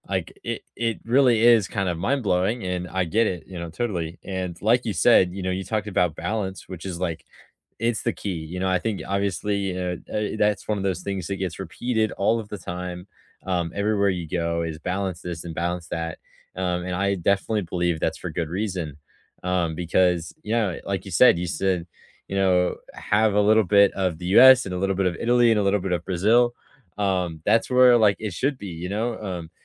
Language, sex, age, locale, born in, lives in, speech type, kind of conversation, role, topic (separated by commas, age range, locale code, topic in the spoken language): English, male, 18-19, en-US, United States, United States, conversation, unstructured, none, What new traditions would you love for us to start to feel closer and create lasting memories?